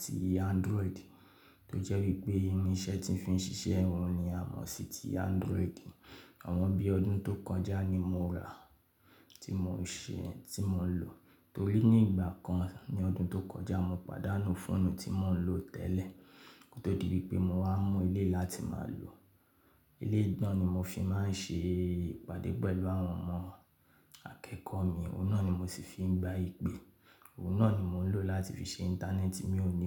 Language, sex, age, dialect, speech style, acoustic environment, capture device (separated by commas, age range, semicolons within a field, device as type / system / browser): Yoruba, male, 25-34, Nigeria - Ibadan, focused, silence, mobile / Linux / Chrome